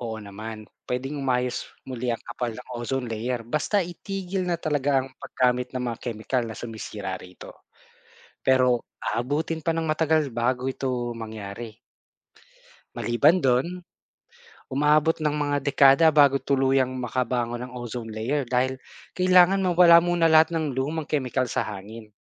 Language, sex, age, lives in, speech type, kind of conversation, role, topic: Filipino, male, 40-44, Philippines, read, expert-assistant, expert, Ano ang dahilan ng pagnipis ng ozone layer?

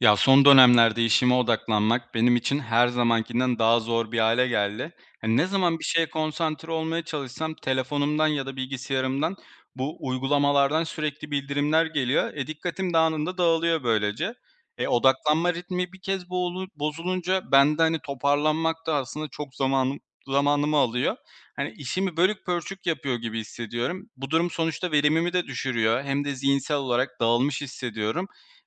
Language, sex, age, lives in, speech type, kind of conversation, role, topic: Turkish, male, 25-29, Poland, conversation, advice, user, Sürekli gelen bildirimler yüzünden dikkatimin dağılmasını nasıl önleyebilirim?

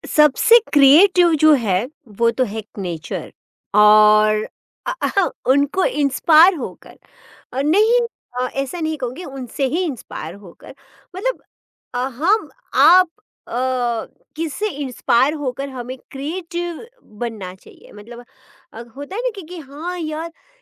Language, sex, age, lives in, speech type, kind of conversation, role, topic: Hindi, female, 40-44, India, conversation, podcast, host, Apni creative block ko kaise beat karte ho, koi hacks batao?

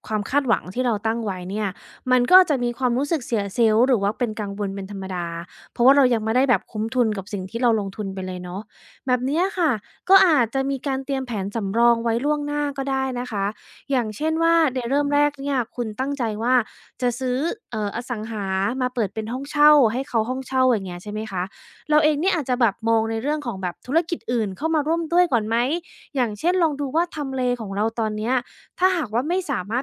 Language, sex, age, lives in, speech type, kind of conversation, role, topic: Thai, female, 35-39, United States, conversation, advice, advisor, ฉันควรเริ่มลงมือทำอย่างไรเมื่อยังรู้สึกกลัวและกังวล?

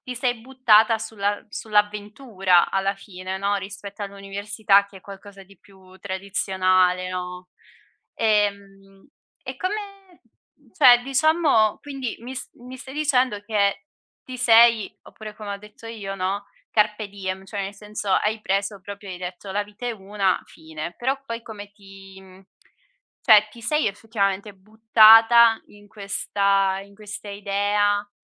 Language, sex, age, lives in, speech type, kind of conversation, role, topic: Italian, female, 25-29, Italy, conversation, podcast, host, Come scegli tra stabilità e avventura nella vita?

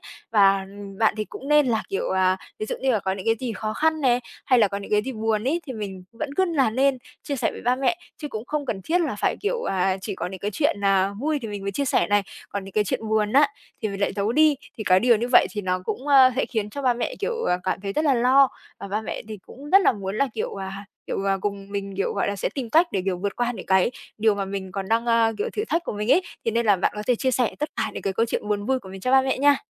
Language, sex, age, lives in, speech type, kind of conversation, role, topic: Vietnamese, female, 25-29, Vietnam, conversation, advice, advisor, Làm sao để giữ liên lạc một cách chân thành và có chiều sâu với những người quen?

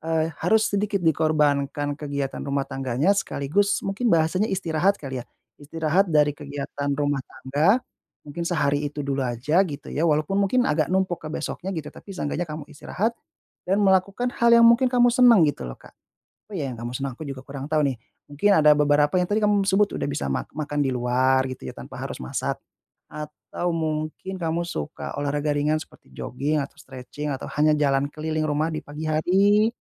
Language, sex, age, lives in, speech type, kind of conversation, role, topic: Indonesian, male, 30-34, Indonesia, conversation, advice, advisor, Bagaimana saya bisa tetap membangun kebiasaan positif meski merasa bosan dengan rutinitas yang monoton?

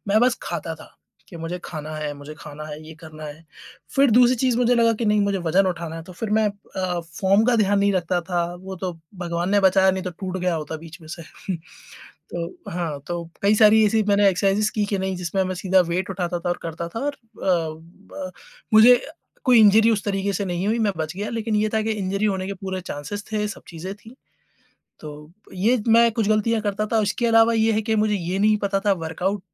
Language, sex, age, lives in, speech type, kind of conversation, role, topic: Hindi, male, 30-34, India, conversation, podcast, guest, Jab tum fail hue, toh tumne khud ko kaise sambhala aur phir se kaise uthe?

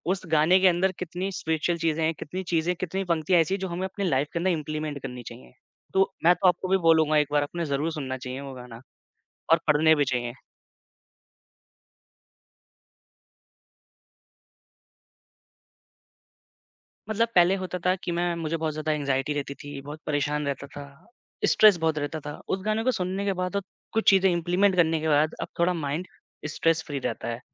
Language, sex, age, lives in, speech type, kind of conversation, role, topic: Hindi, male, 25-29, India, conversation, podcast, guest, Apni zindagi ka soundtrack kaunsa hoga?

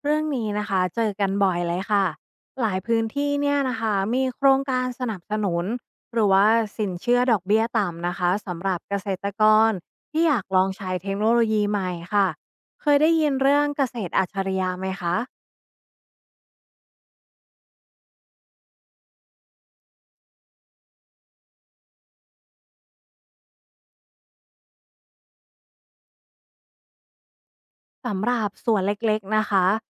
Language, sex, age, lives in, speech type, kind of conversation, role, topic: Thai, female, 35-39, Thailand, read, expert-assistant, expert, ถ้าอยากให้คนรุ่นใหม่หันมาสนใจเกษตรกรรม เทคโนโลยีแบบไหนที่คุณคิดว่าจะช่วยได้?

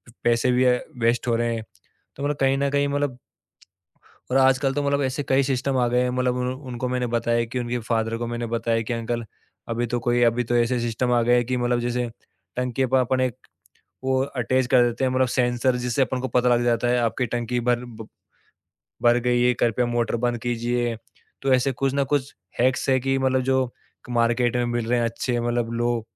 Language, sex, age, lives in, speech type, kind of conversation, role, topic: Hindi, male, 25-29, India, conversation, podcast, guest, Paani bachane ke liye aap rozana kaun-kaun se chhote, asaan tareeke apnate hain?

